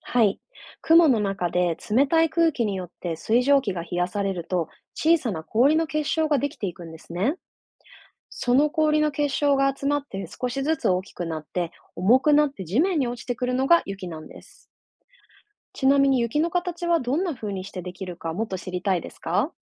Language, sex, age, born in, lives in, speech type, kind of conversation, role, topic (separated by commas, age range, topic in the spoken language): Japanese, female, 30-34, Japan, Japan, read, expert-assistant, expert, 雪はどのようにして降るのか